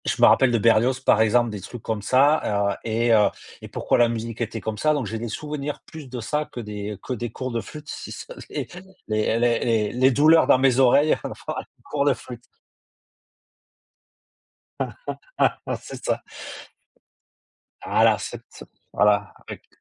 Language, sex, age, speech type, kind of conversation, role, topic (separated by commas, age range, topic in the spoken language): French, male, 45-49, conversation, unstructured, none, Est-il juste de forcer les enfants à apprendre à jouer d’un instrument de musique ?